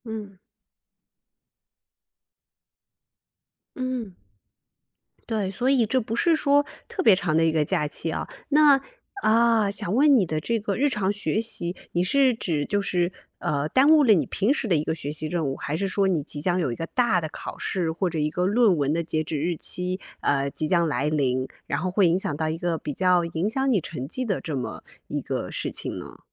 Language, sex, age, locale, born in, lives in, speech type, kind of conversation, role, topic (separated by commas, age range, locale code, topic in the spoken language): Chinese, female, 30-34, zh-CN, China, United States, conversation, advice, advisor, 假期或出差会如何打断你的日常习惯？